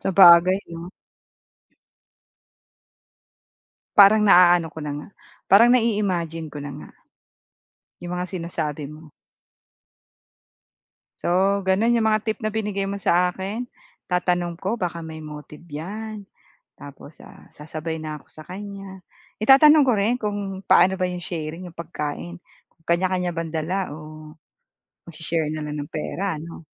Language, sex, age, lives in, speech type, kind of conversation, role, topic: Filipino, female, 45-49, Philippines, conversation, advice, user, Paano ako makakasali sa saya ng party nang hindi nahihiya?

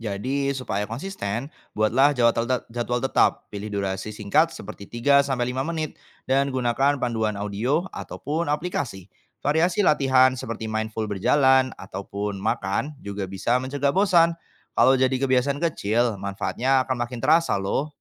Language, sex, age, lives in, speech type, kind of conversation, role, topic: Indonesian, male, 25-29, Indonesia, read, expert-assistant, expert, Ketika stres, mana yang lebih cepat membuat tubuh rileks: mendengarkan musik atau mengatur napas pelan-pelan?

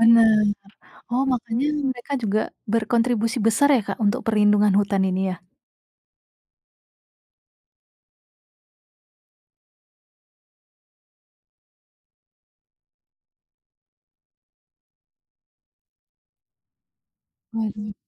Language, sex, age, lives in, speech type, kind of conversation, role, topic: Indonesian, female, 25-29, Indonesia, conversation, podcast, host, Bagaimana hutan mengajarkan kita tentang keragaman dan pentingnya perlindungan?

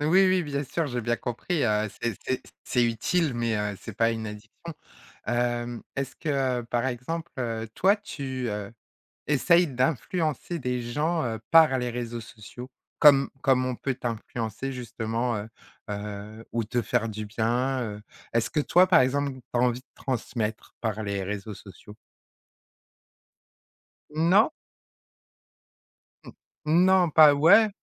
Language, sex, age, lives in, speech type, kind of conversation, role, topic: French, female, 40-44, France, conversation, podcast, host, Comment les réseaux sociaux ont-ils influencé tes goûts en matière de médias ?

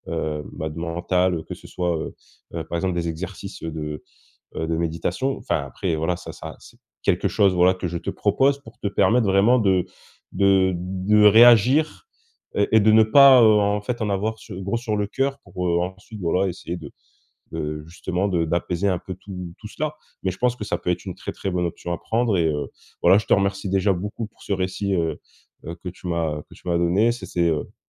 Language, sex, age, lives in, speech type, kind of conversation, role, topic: French, male, 25-29, France, conversation, advice, advisor, Comment régler les conflits avec mon partenaire de façon saine alors que j’ai tendance à éviter les confrontations ?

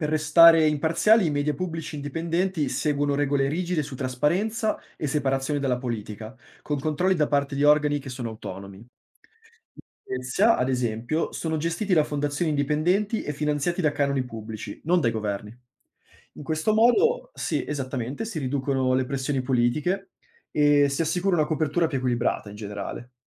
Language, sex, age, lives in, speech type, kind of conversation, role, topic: Italian, male, 25-29, Italy, read, expert-assistant, expert, Cosa posso imparare dalle elezioni in altri paesi per scegliere meglio quando voto qui?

